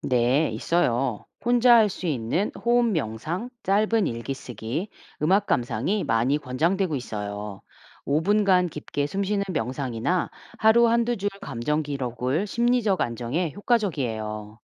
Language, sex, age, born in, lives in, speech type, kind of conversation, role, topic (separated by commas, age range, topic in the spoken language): Korean, female, 45-49, South Korea, United States, read, expert-assistant, expert, 환자의 불안이나 스트레스를 줄이기 위한 창의적인 돌봄 아이디어에는 무엇이 있을까요?